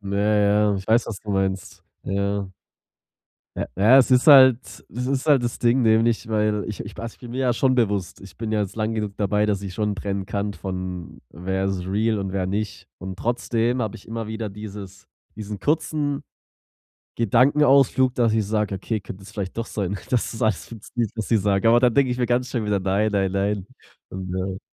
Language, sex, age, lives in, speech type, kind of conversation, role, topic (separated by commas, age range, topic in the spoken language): German, male, 25-29, Germany, conversation, advice, user, Wie kann ich mich in sozialen Medien weniger mit anderen vergleichen?